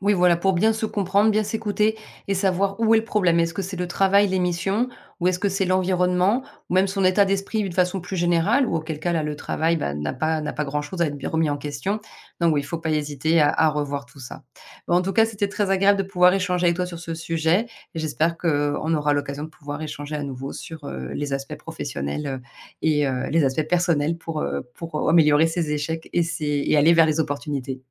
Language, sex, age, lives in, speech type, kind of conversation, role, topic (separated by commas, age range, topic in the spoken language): French, female, 40-44, France, conversation, podcast, guest, Comment transformez-vous concrètement un échec en opportunité ?